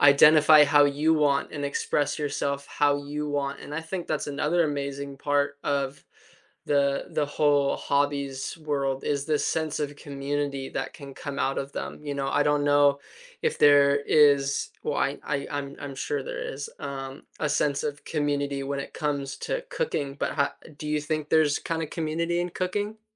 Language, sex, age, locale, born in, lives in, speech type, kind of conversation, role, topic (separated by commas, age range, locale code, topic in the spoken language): English, male, 20-24, en-US, United States, United States, conversation, unstructured, none, How do your hobbies reflect your identity?